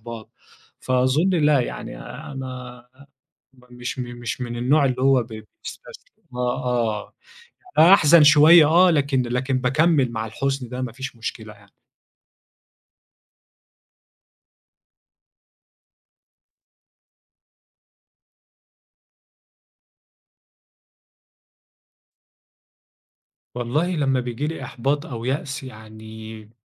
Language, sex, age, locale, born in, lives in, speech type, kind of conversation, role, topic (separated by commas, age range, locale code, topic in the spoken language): Arabic, male, 25-29, ar-EG, Egypt, Egypt, conversation, podcast, guest, إزاي بتتعامل مع الفشل؟